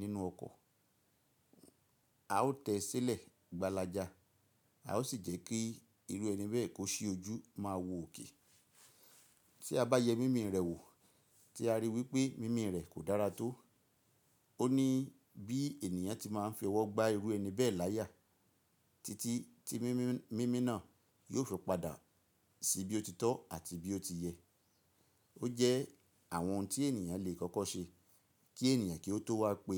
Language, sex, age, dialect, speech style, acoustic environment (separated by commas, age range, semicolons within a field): Yoruba, male, 45-54, Nigeria - Ibadan, relaxed, nature